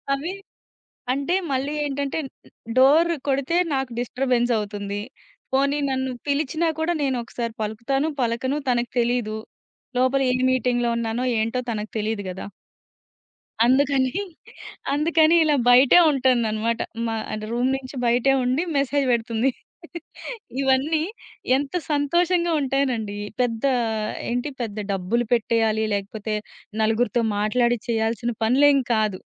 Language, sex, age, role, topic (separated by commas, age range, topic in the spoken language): Telugu, female, 30-34, guest, రోజువారీ జీవితంలో మీకు చిన్న సంతోషం దేన్నుంచి వస్తుంది?